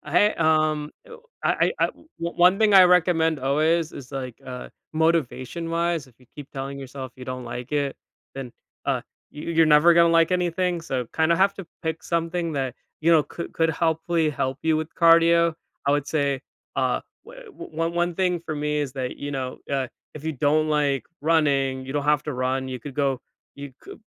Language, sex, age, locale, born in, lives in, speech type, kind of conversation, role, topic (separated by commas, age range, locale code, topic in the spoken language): English, male, 25-29, en-US, United States, United States, conversation, podcast, guest, In what ways can regular physical activity improve our ability to cope with stress?